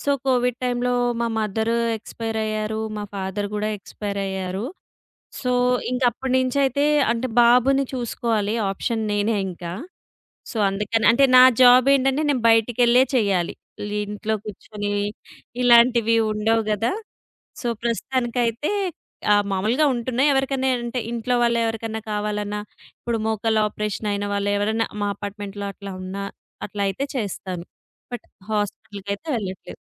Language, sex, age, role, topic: Telugu, female, 30-34, guest, మీ మొదటి ఉద్యోగం మీకు ఏ ముఖ్యమైన పాఠం నేర్పింది?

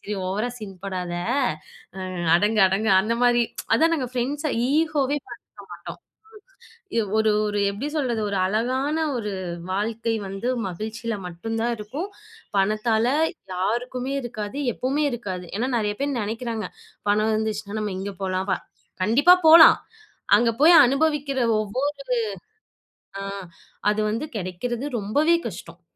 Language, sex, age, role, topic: Tamil, female, 25-29, guest, சம்பளம் அதிகம் முக்கியமா, மகிழ்ச்சி அதிகம் முக்கியமா என்றால் நீங்கள் எப்படிப் பதிலளிப்பீர்கள்?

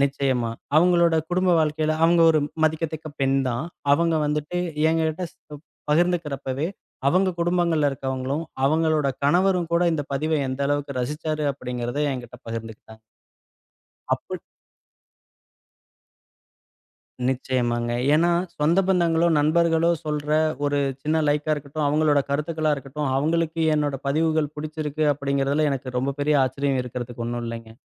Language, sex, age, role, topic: Tamil, male, 35-39, guest, நீங்கள் ஆன்லைனில் அறிமுகமான ஒருவரை நேரில் சந்திக்க வேண்டிய நிலை வந்தால் நீங்கள் என்ன செய்வீர்கள்?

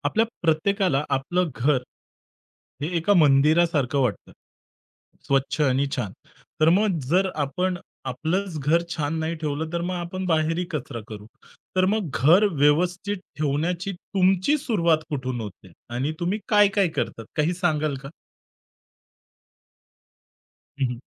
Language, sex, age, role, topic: Marathi, male, 30-34, host, घर व्यवस्थित ठेवायला तुम्ही कुठून सुरुवात करता?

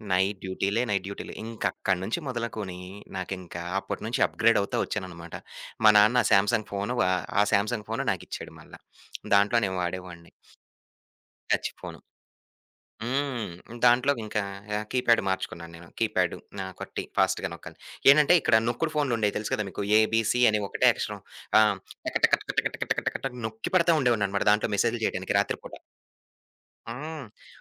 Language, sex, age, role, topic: Telugu, male, 25-29, guest, రాత్రిపూట ఫోన్ వాడకాన్ని మీరు ఎలా తగ్గిస్తారు?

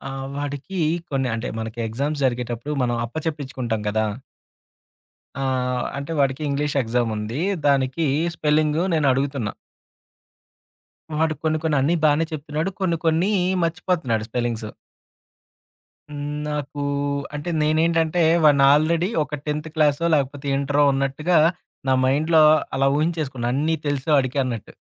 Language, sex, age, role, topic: Telugu, male, 30-34, guest, పిల్లల చదువుపై కుటుంబం చేసే ఒత్తిడిని మీరు ఎలా చూస్తారు?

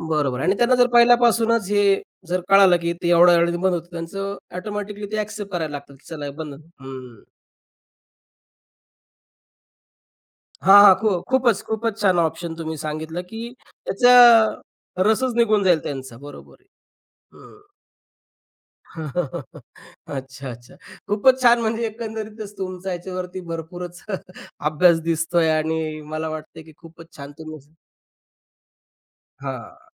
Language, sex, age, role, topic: Marathi, male, 35-39, host, मुलांच्या अभ्यासासाठी तुम्ही कोणते नियम ठरवता?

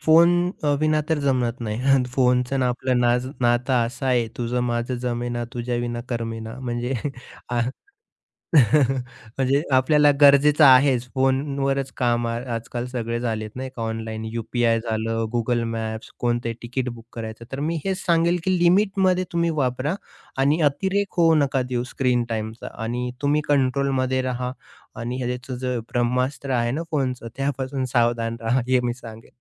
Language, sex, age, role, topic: Marathi, male, 30-34, guest, स्क्रीन वेळ नियंत्रित करण्यासाठी तुम्ही काय करता?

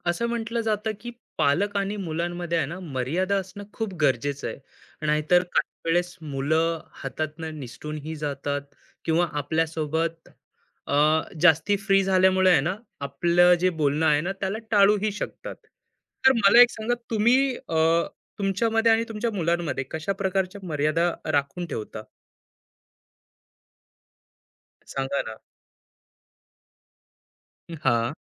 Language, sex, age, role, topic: Marathi, male, 25-29, host, पालक आणि मुलांमध्ये योग्य मर्यादा कशा ठरवता येतात?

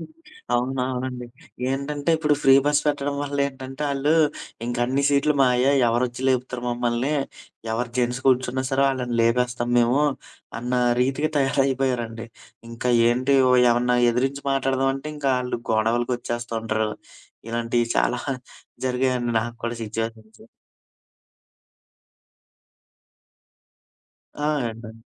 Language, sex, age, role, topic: Telugu, male, 25-29, host, మీ ప్రయాణంలో ఇప్పటికీ గుర్తుండిపోయే ఒక ఉద్వేగభరితమైన అనుభవాన్ని చెప్పగలరా?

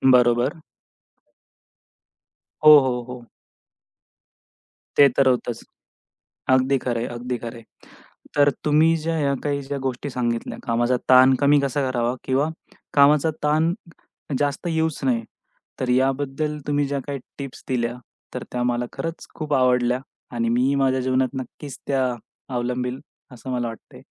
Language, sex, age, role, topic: Marathi, male, 25-29, host, कामाचा ताण कमी करण्यासाठी तुम्ही कोणत्या छोट्या सवयी अंगीकारता?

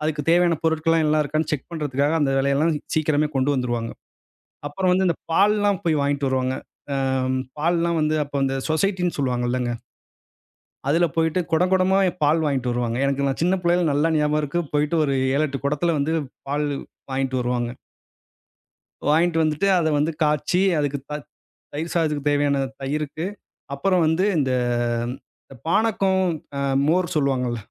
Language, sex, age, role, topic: Tamil, male, 35-39, guest, அளவுக்கு மீறி கூட்டங்கள் வரும்போது அதை எப்படி சமாளிப்பீர்கள்?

